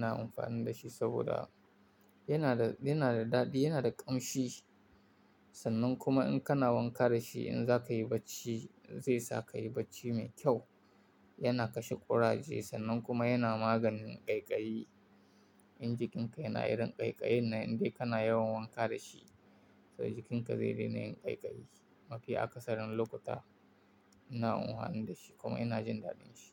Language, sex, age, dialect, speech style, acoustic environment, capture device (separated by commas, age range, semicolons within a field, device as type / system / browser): Hausa, male, 18-24, Nigeria - Kano, happy, silence, mobile / Linux / Chrome